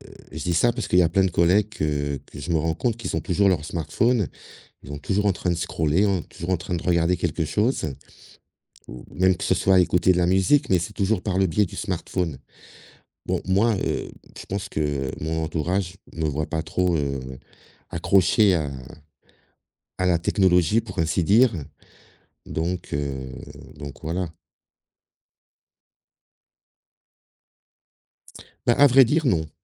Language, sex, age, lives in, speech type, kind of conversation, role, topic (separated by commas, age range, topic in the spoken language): French, male, 55-59, Portugal, conversation, podcast, guest, As-tu un rituel pour te déconnecter le week-end, et peux-tu nous le raconter ?